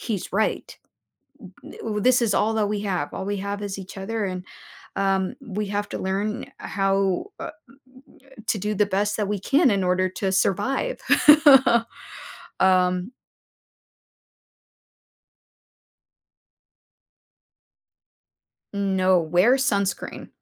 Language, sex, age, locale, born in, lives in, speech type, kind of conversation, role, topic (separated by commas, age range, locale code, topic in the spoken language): English, female, 30-34, en-US, United States, United States, conversation, podcast, guest, How do siblings influence each other as they grow?